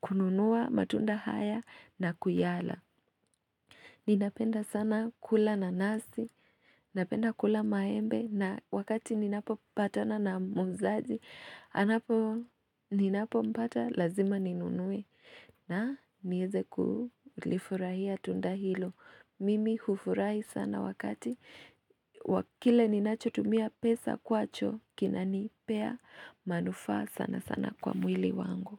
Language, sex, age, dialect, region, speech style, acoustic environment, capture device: Swahili, female, 25-34, Kenya - Nairobi Sheng-influenced, Kenya, relaxed, nature, mobile / Linux / Chrome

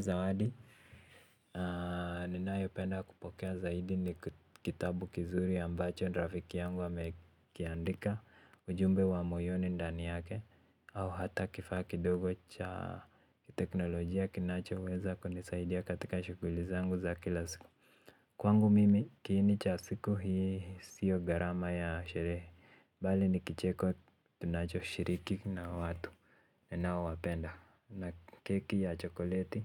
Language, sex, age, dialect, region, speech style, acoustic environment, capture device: Swahili, male, 18-24, Kenya - Nairobi Sheng-influenced, Kenya, relaxed, silence, mobile / Linux / Chrome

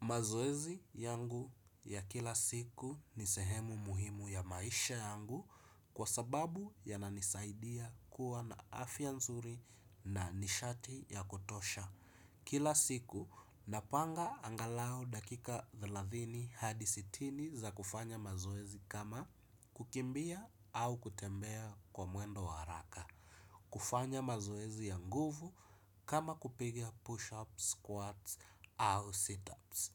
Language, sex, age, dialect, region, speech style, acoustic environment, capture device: Swahili, male, 25-34, Kenya - Mombasa, Kenya, excited, nature, desktop / Windows / Chrome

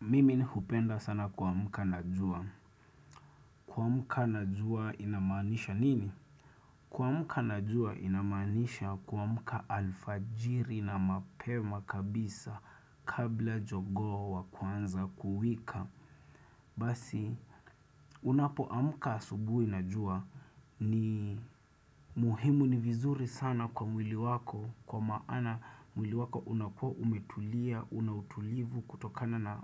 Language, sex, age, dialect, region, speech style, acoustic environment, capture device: Swahili, male, 25-34, Kenya - Nairobi Sheng-influenced, Kenya, relaxed, silence, desktop / Windows / Chrome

